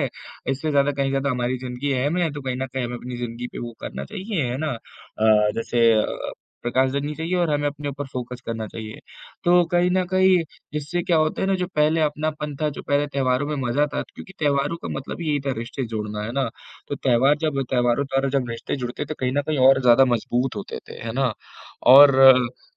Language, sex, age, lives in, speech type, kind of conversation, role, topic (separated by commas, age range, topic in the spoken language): Hindi, male, 18-19, India, conversation, unstructured, none, त्योहारों के दौरान आपके बचपन की सबसे प्यारी याद क्या है?